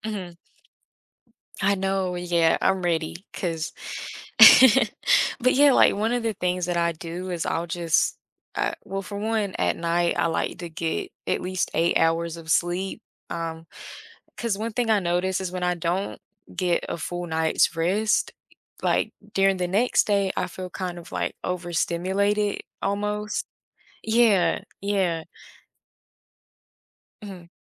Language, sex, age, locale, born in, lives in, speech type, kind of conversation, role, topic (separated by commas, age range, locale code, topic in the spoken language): English, female, 20-24, en-US, United States, United States, conversation, podcast, guest, What are some practical habits that can help reduce everyday stress?